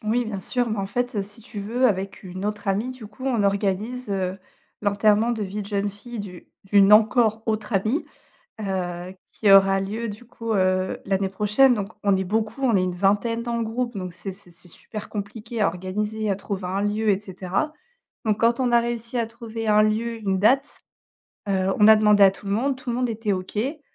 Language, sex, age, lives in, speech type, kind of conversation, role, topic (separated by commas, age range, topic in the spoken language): French, female, 35-39, France, conversation, advice, user, Comment gérer une réaction impulsive envers un proche et la culpabilité qui s’ensuit ?